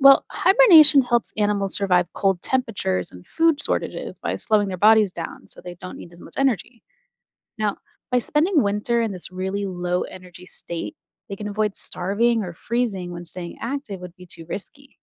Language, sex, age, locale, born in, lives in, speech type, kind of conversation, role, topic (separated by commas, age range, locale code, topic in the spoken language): English, female, 30-34, en-US, United States, United States, read, expert-assistant, expert, Why do some animals hibernate during the winter rather than staying active?